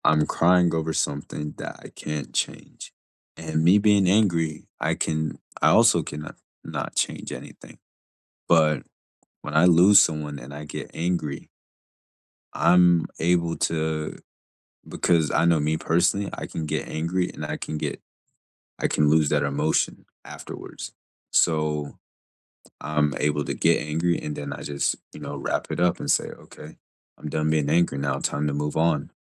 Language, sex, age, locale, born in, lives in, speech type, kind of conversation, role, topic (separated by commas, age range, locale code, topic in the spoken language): English, male, 20-24, en-US, United States, United States, conversation, unstructured, none, Why might some people feel anger instead of sadness after losing someone?